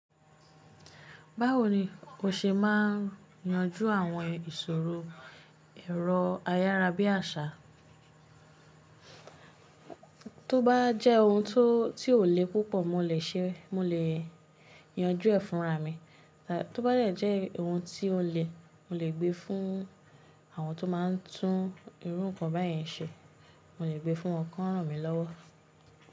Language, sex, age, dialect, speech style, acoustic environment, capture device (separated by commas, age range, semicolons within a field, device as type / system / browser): Yoruba, female, 25-34, Nigeria - Lagos Yoruba, focused, silence, mobile / Linux / Chrome